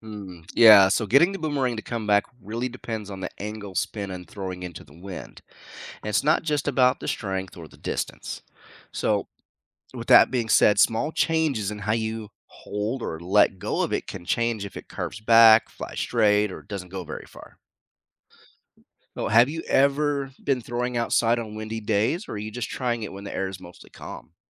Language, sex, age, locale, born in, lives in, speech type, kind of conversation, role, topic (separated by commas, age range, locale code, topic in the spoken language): English, male, 45-49, en-US, United States, United States, read, expert-assistant, expert, How does a boomerang return when thrown?